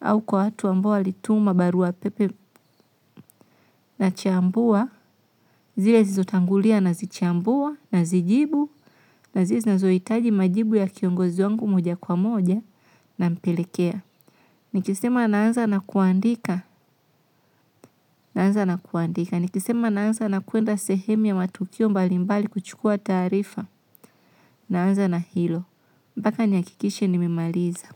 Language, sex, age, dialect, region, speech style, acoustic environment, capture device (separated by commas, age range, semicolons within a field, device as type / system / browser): Swahili, female, 25-34, Tanzania - Dar es Salaam, Tanzania, relaxed, silence, mobile / Linux / Chrome